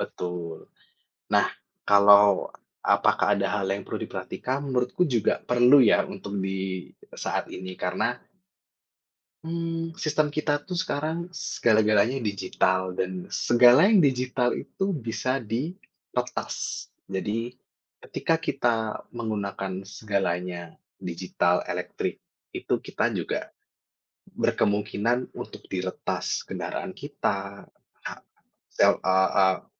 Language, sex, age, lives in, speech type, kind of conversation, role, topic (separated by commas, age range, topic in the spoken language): Indonesian, male, 30-34, Indonesia, conversation, unstructured, none, Bagaimana pendapatmu tentang penggunaan kendaraan listrik?